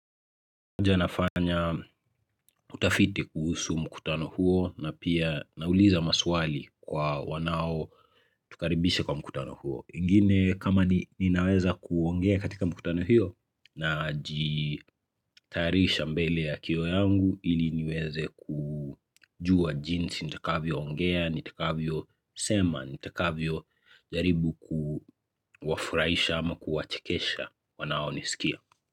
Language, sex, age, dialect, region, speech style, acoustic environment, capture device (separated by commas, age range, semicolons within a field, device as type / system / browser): Swahili, male, 25-34, Kenya - Nairobi Sheng-influenced, Kenya, nervous, silence, mobile / Linux / Chrome